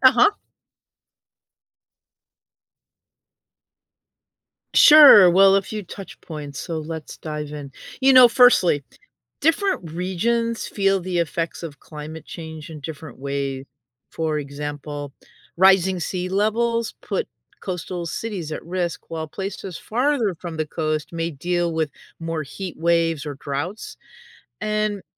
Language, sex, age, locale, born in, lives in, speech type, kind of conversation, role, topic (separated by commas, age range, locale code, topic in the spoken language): English, female, 60-64, en-US, United States, United States, read, expert-assistant, expert, How does climate change impact various world regions?